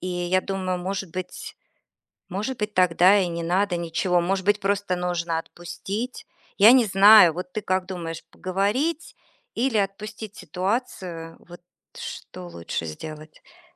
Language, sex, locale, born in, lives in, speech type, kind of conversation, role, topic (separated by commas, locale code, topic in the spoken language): Russian, female, ru-RU, Russia, United States, conversation, advice, user, Как справиться с тем, что друг отдалился после больших жизненных изменений?